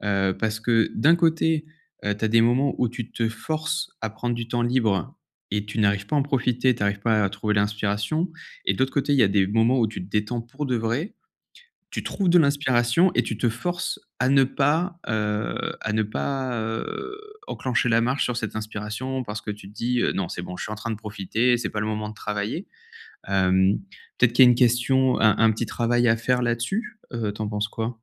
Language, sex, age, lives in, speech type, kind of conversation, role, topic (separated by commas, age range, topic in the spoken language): French, male, 30-34, France, conversation, advice, advisor, Comment puis-je fixer des limites à mon temps libre sans culpabiliser ?